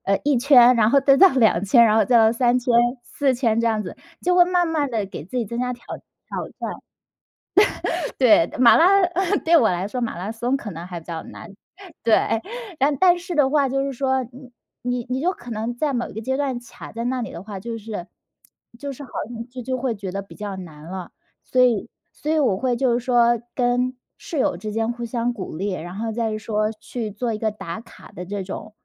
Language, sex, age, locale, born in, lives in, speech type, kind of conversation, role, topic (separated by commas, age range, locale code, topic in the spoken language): Chinese, female, 30-34, zh-CN, China, United States, conversation, podcast, guest, 你是怎么养成运动习惯的？